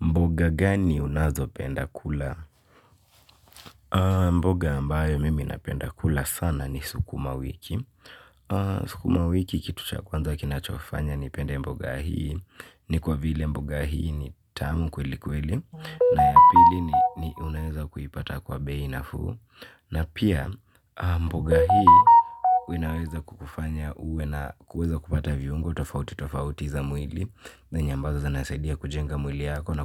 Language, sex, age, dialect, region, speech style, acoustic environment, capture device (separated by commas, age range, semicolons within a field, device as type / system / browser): Swahili, male, 18-24, Kenya - Nairobi Sheng-influenced, Kenya, happy; relaxed; focused; excited, silence, mobile / Linux / Chrome